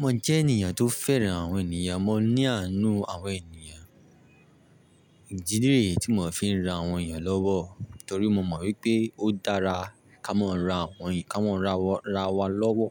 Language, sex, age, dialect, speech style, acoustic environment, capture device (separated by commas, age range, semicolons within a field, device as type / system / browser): Yoruba, male, 18-24, Nigeria - Lagos Yoruba, relaxed, silence, mobile / Linux / Chrome